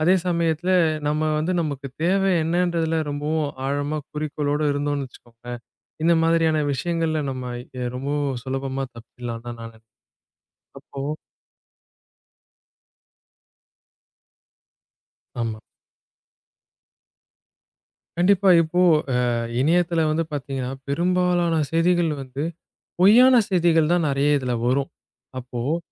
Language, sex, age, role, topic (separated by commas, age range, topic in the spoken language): Tamil, male, 20-24, guest, இணையத்தில் அதிகளவு தகவல்கள் இருக்கும் போது, உடனடியாக தேவையானதை நீங்கள் எப்படி தேர்வு செய்கிறீர்கள்?